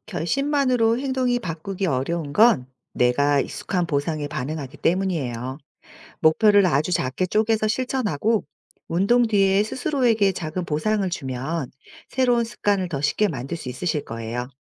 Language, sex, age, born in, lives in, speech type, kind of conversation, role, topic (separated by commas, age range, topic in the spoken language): Korean, female, 40-44, South Korea, South Korea, read, expert-assistant, expert, 아무리 결심해도 행동이 쉽게 바뀌지 않는 이유와, 이를 바꾸는 현실적인 팁이 궁금해요.